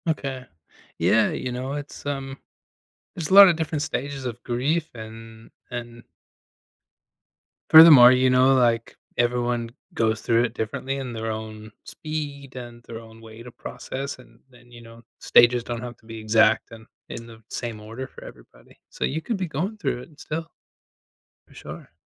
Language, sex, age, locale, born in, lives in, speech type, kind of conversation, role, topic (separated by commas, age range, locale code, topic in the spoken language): English, male, 35-39, en-US, United States, United States, conversation, advice, advisor, How do I cope with losing a close friend or family member?